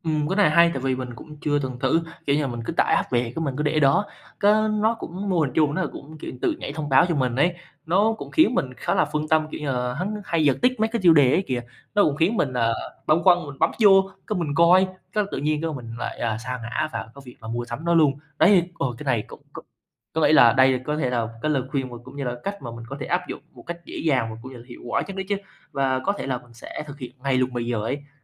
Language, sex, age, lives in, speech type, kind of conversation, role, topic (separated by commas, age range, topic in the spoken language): Vietnamese, male, 20-24, Vietnam, conversation, advice, user, Làm thế nào để giảm thói quen mua sắm bốc đồng khiến bạn hối hận?